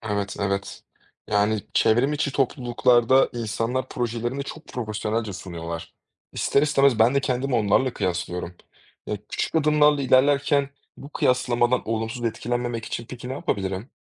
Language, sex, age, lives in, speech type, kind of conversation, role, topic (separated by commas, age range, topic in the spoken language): Turkish, male, 25-29, Germany, read, expert-assistant, asker, Yeni öğrendiklerimi kalıcı kılmak için neler yapabilirim?